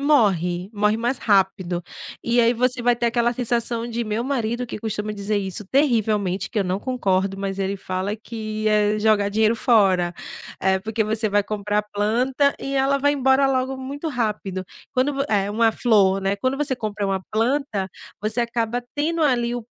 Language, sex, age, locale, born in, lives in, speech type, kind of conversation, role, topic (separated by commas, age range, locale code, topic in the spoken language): Portuguese, female, 35-39, pt-BR, Brazil, Portugal, conversation, podcast, host, O que você faz para deixar a casa mais aconchegante?